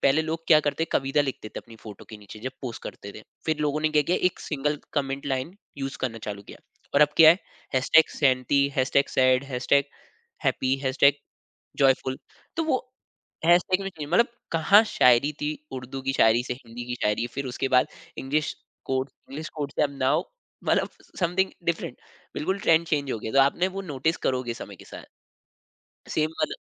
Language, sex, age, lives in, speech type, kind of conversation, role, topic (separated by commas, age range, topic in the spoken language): Hindi, male, 25-29, India, conversation, podcast, guest, Social media ne tumhari boli kaise badli hai?